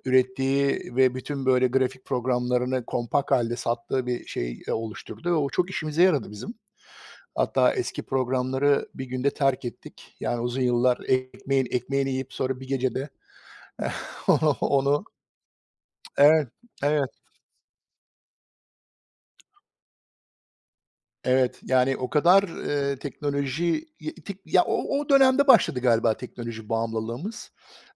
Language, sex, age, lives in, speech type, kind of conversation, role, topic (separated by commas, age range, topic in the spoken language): Turkish, male, 45-49, United States, conversation, unstructured, none, Teknolojinin günlük hayatınızı nasıl etkilediğini düşünüyorsunuz?